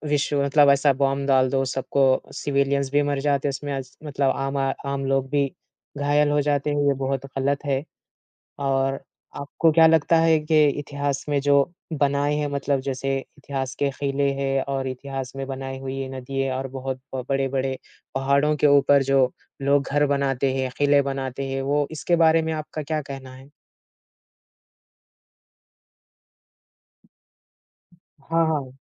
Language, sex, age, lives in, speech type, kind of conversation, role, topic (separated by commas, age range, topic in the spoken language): Hindi, male, 20-24, India, conversation, unstructured, none, आज के समय में इतिहास को जानना क्यों ज़रूरी है?